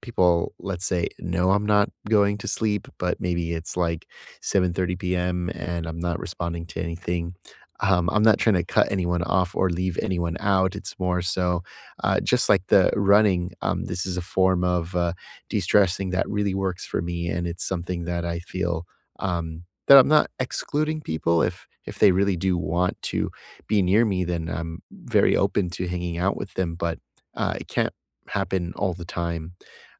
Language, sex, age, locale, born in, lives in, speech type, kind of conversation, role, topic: English, male, 30-34, en-US, United States, United States, conversation, unstructured, none, How do you unwind and recharge after a busy workday?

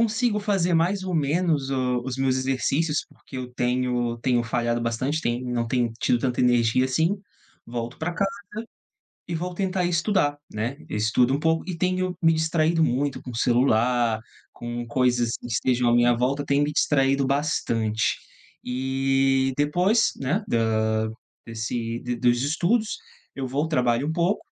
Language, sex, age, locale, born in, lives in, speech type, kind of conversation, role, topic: Portuguese, male, 30-34, pt-BR, Brazil, Portugal, conversation, advice, user, Como a falta de consistência no seu sono está prejudicando sua energia e seu foco?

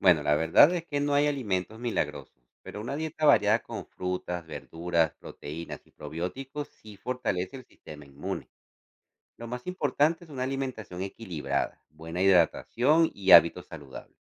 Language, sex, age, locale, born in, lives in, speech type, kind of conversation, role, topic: Spanish, male, 40-44, es-CO, Venezuela, United States, read, expert-assistant, expert, ¿Cómo podemos prevenir infecciones respiratorias en casa durante el invierno?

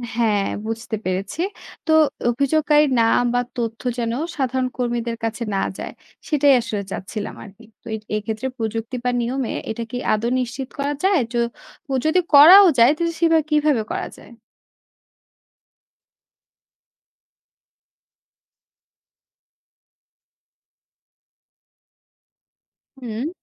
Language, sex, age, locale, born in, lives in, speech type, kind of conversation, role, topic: Bengali, female, 25-29, bn-BD, Bangladesh, Bangladesh, read, expert-assistant, asker, সরকারি অফিসে মানুষের অভিজ্ঞতা আরও ভালো করতে কী ধরনের পরিবর্তন আনা যায়?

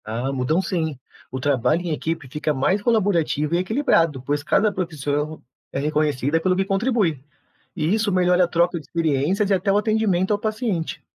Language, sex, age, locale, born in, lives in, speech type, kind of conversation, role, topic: Portuguese, male, 35-39, pt-BR, Brazil, Portugal, read, expert-assistant, expert, Por que a imagem dos enfermeiros muda tanto de um país para outro?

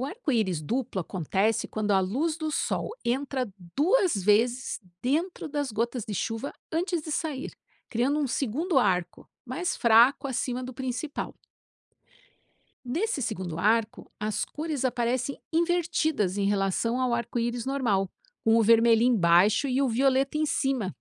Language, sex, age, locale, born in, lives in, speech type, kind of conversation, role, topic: Portuguese, female, 50-54, pt-BR, Brazil, United States, read, expert-assistant, expert, Como funciona o arco-íris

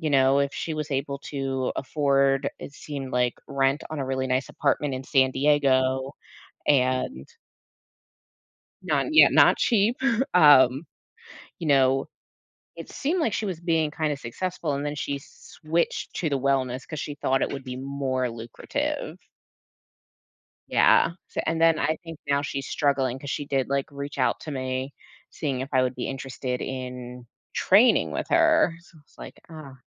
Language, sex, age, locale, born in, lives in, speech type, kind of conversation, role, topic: English, female, 35-39, en-US, United States, United States, conversation, podcast, host, How has social media changed the way we connect and interact with others?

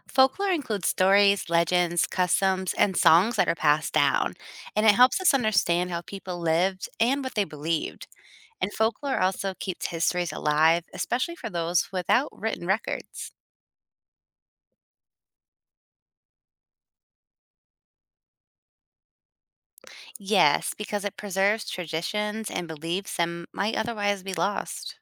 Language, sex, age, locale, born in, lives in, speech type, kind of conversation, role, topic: English, female, 40-44, en-US, United States, United States, read, expert-assistant, expert, How does folklore help preserve history?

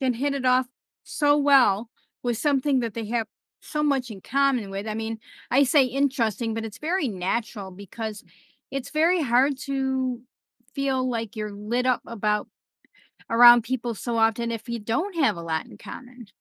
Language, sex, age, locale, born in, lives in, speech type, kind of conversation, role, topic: English, female, 55-59, en-US, United States, United States, conversation, podcast, host, How do shared interests help people form new friendships?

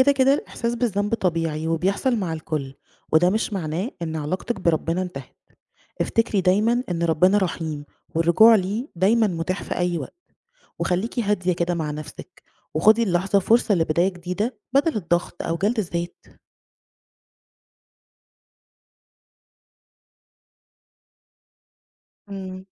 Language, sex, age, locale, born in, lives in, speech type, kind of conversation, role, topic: Arabic, female, 35-39, ar-EG, Egypt, Egypt, read, expert-assistant, expert, ليه ممكن أحس إني بدعي كتير ومفيش استجابة، وده معناه إن في مشكلة في علاقتي بربنا؟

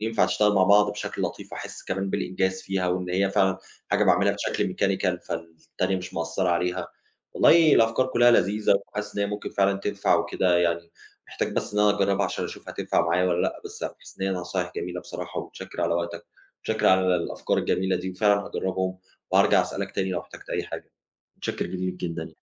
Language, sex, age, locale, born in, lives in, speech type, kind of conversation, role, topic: Arabic, male, 30-34, ar-EG, Egypt, Germany, conversation, advice, user, ليه التنقل بين أكتر من مهمة بيقلّل جودة إنتاجيتك؟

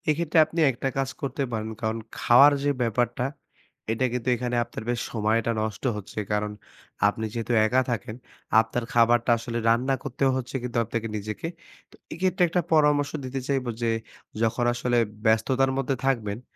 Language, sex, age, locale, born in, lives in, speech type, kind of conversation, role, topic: Bengali, male, 25-29, bn-BD, Bangladesh, Bangladesh, conversation, advice, advisor, নতুন শহরে স্থানান্তরের পর আপনার জীবনের রুটিন কীভাবে বদলেছে?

